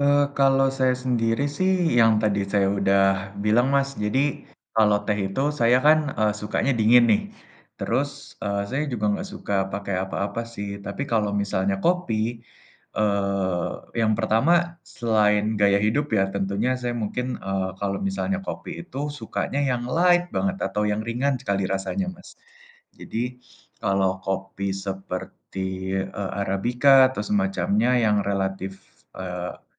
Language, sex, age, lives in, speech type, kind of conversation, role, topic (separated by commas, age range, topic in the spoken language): Indonesian, male, 20-24, Indonesia, conversation, unstructured, none, Apakah Anda lebih suka kopi atau teh untuk memulai hari?